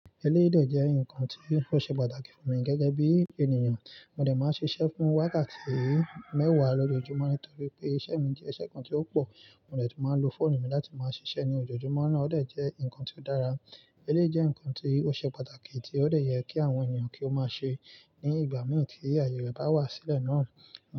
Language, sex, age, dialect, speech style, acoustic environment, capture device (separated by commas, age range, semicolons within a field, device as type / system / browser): Yoruba, male, 18-24, Nigeria - Nigerian Standard, focused, nature, mobile / Linux / Chrome